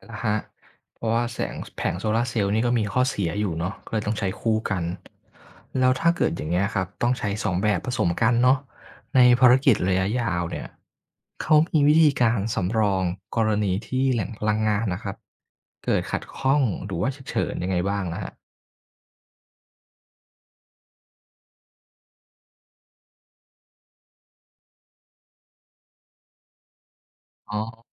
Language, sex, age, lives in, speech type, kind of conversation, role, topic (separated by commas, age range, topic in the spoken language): Thai, male, 25-29, Thailand, read, expert-assistant, asker, ระหว่างดาวอังคารกับดวงจันทร์ ถ้าจะไปสำรวจที่ไหนน่าตื่นเต้นกว่ากัน?